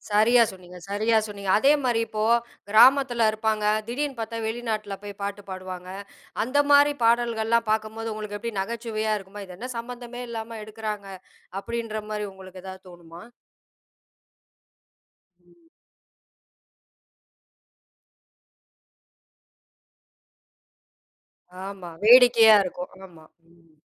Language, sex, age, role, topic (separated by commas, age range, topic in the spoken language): Tamil, female, 40-44, host, ஒரு பாடலைக் கேட்கும் போது உங்களை முதலில் ஈர்ப்பது சொற்களா, அல்லது மெட்டா?